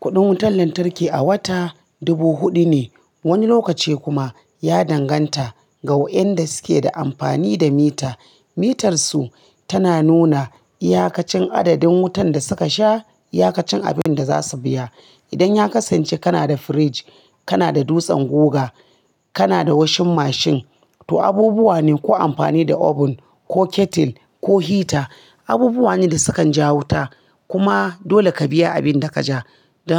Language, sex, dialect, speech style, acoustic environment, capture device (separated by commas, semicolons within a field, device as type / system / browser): Hausa, female, Nigeria - Kano, relaxed; focused, appliances; nature; silence, mobile / Linux / Chrome